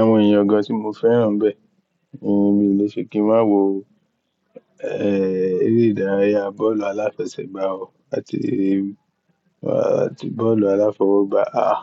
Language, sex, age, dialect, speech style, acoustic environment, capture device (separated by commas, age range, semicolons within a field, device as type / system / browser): Yoruba, male, 18-24, Nigeria - Ibadan, happy, nature, mobile / Linux / Chrome